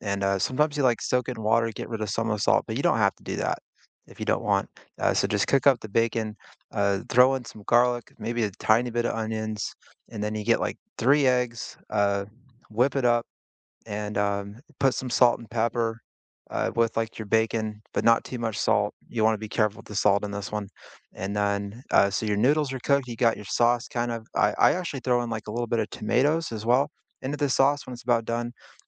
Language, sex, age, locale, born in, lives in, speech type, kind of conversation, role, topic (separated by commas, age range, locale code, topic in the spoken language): English, male, 40-44, en-US, United States, United States, conversation, unstructured, none, What simple, comforting meals make you feel nourished and happy, and what stories do they hold?